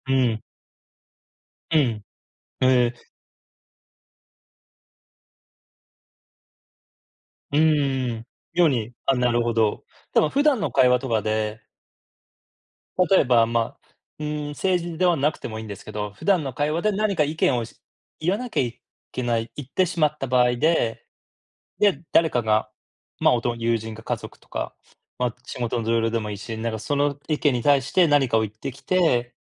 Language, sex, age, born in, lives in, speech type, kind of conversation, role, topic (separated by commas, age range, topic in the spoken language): Japanese, male, 40-44, Japan, United States, conversation, unstructured, none, 周りの人の意見を気にしますか？